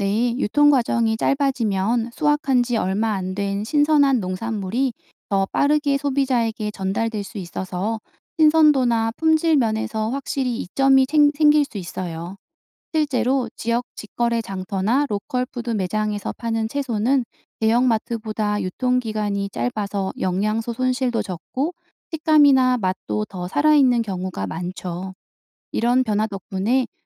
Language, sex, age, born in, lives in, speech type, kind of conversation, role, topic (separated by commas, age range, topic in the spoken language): Korean, female, 35-39, South Korea, South Korea, read, expert-assistant, expert, 지속 가능한 농업이란 무엇이고 왜 중요한가요?